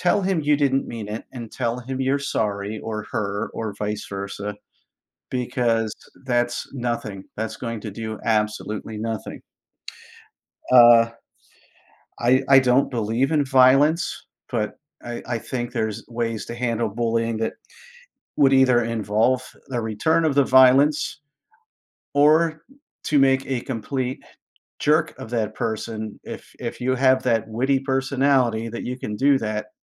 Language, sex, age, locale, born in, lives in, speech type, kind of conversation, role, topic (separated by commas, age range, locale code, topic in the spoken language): English, male, 50-54, en-US, United States, United States, conversation, unstructured, none, How can schools and communities work together to prevent bullying?